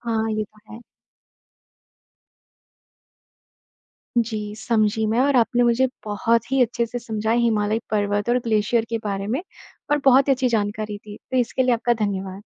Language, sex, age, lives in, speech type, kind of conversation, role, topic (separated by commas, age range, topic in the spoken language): Hindi, male, 55-59, India, read, expert-assistant, asker, क्या यह सच है कि हिमालय पर्वत हर साल थोड़ा-थोड़ा ऊँचा होता जा रहा है? इसके पीछे वैज्ञानिक कारण क्या हैं?